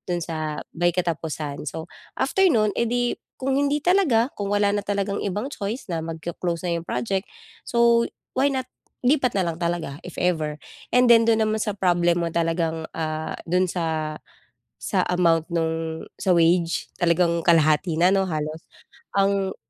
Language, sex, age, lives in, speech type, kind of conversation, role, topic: Filipino, female, 35-39, Philippines, conversation, advice, advisor, Paano ko haharapin ang takot na mawalan ng kontrol sa hinaharap?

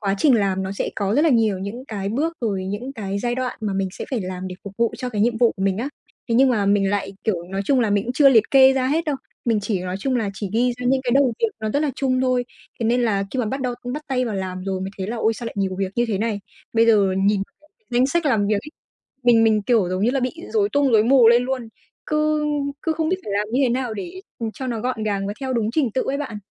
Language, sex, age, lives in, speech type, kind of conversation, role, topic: Vietnamese, female, 20-24, Vietnam, conversation, advice, user, Làm sao để ưu tiên công việc theo mức độ quan trọng?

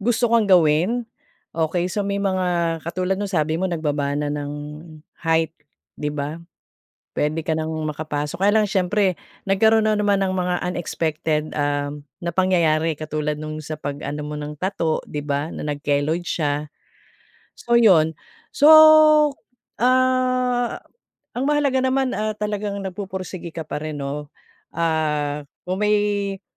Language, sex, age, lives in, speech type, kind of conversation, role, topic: Filipino, female, 45-49, Philippines, conversation, advice, advisor, Paano ako makapagsisimula sa bagong layunin kahit natatakot at nag-aalinlangan ako?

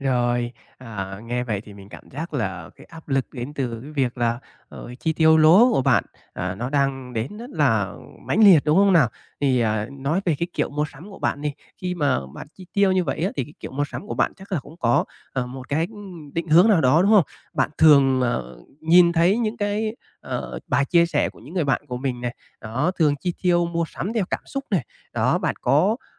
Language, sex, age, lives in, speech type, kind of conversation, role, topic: Vietnamese, male, 25-29, Vietnam, conversation, advice, advisor, Làm sao để tiêu dùng có ý thức khi bạn bè hay khoe đồ đắt tiền?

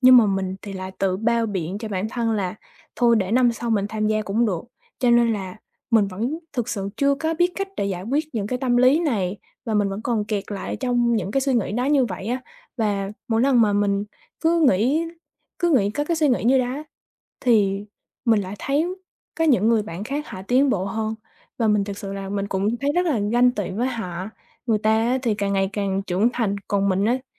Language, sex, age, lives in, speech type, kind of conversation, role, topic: Vietnamese, female, 20-24, Vietnam, conversation, advice, user, Nỗi sợ thất bại có đang khiến bạn chần chừ, không dám bắt đầu dự án mới không?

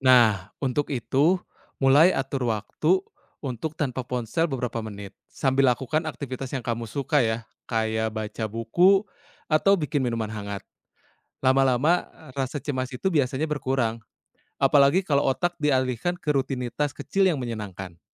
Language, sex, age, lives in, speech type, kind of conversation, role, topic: Indonesian, male, 30-34, Indonesia, read, expert-assistant, expert, Ide-ide apa yang bisa dieksplorasi agar rutinitas harian lebih ramah terhadap kesehatan mental?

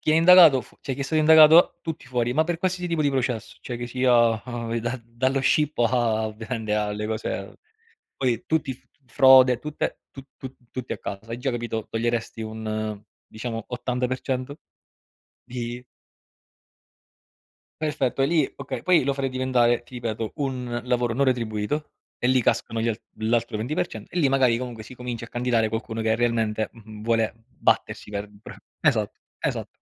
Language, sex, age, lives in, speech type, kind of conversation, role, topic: Italian, male, 30-34, Italy, conversation, unstructured, none, Come si può combattere la corruzione in politica?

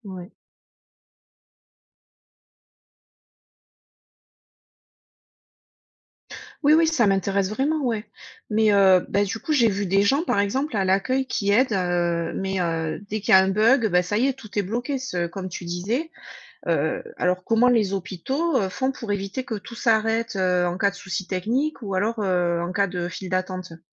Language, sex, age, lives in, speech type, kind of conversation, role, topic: French, female, 40-44, United States, read, expert-assistant, asker, Avoir plus d’administratif dans les hôpitaux, est-ce que ça aide ou ça complique la vie des patients et des soignants ?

